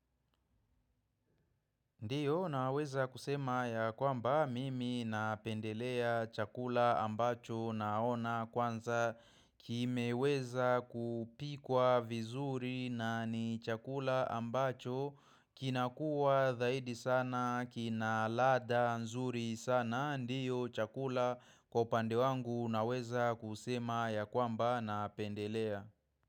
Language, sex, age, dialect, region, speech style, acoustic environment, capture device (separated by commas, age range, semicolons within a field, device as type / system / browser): Swahili, male, 25-34, Kenya - Nairobi Sheng-influenced, Kenya, focused, silence, mobile / macOS / Safari